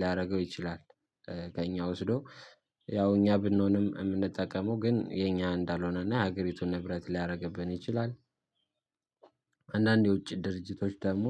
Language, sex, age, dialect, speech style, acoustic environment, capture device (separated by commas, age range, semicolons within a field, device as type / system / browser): Amharic, male, 18-24, Ethiopia - Addis Ababa, happy, silence, mobile / Linux / Chrome